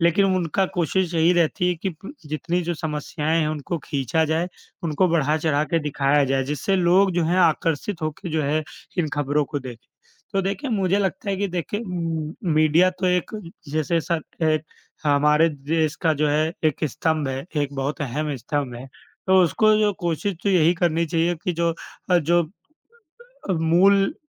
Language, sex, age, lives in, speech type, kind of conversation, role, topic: Hindi, male, 25-29, India, conversation, unstructured, none, क्या आपको लगता है कि खबरें समाज में बदलाव लाने में मदद करती हैं?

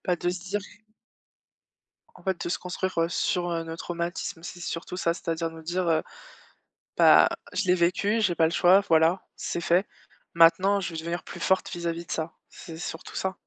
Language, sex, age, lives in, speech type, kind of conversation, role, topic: French, female, 25-29, France, conversation, unstructured, none, Préféreriez-vous avoir une mémoire parfaite ou la capacité d’oublier les mauvais souvenirs ?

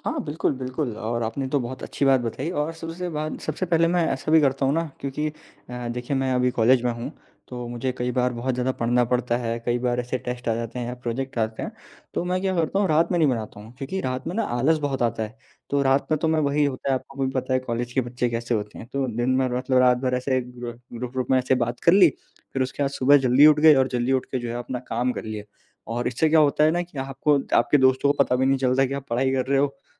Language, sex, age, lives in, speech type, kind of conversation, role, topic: Hindi, male, 20-24, India, conversation, unstructured, none, आप अपने दिन की शुरुआत सबसे ज़्यादा किस तरह करना पसंद करते हैं?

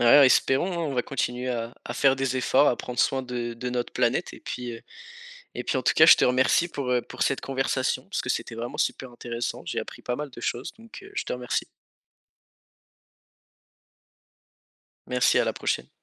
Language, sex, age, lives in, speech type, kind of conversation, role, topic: French, male, 18-19, France, conversation, podcast, host, Quels gestes simples peut-on adopter chez soi pour réduire les déchets plastiques ?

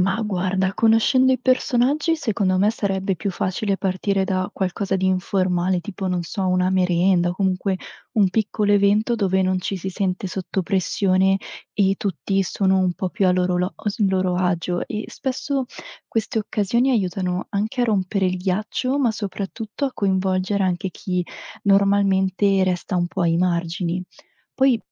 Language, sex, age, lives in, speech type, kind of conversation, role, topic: Italian, female, 25-29, Italy, read, expert-assistant, asker, Come si può coinvolgere genitori e insegnanti nel decidere le priorità della scuola?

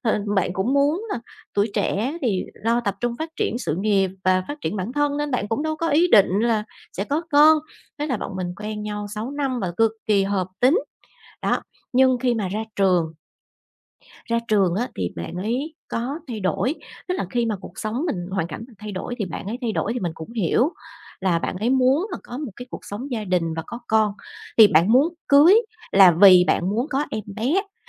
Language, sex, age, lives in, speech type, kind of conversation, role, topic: Vietnamese, female, 40-44, Vietnam, conversation, advice, user, Vì sao tôi lại cảm thấy nghi ngờ và ghen tuông mà không rõ lý do?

